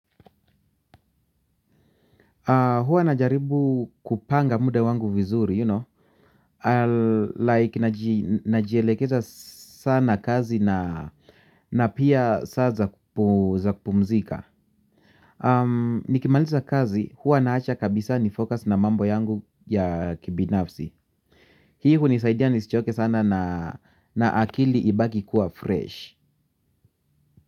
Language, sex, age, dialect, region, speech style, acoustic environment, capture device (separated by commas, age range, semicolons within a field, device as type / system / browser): Swahili, male, 45-54, Kenya - Nairobi Sheng-influenced, Kenya, relaxed, silence, mobile / Linux / Chrome